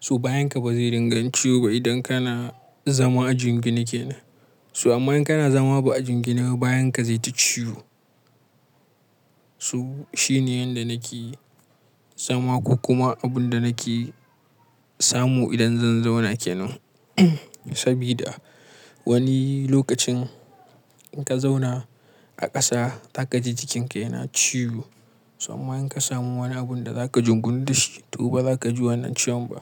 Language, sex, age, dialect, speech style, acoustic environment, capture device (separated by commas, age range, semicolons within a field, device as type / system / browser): Hausa, male, 25-34, Nigeria - Kano, happy; relaxed, silence, mobile / Linux / Chrome